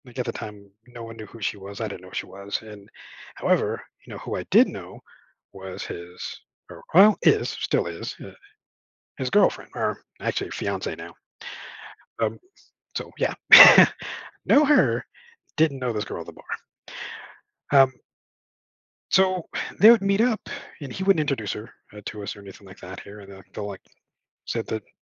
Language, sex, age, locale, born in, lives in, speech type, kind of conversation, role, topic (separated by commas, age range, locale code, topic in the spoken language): English, male, 40-44, en-US, United States, United States, conversation, advice, user, How can I sincerely apologize and repair things after making a hurtful comment?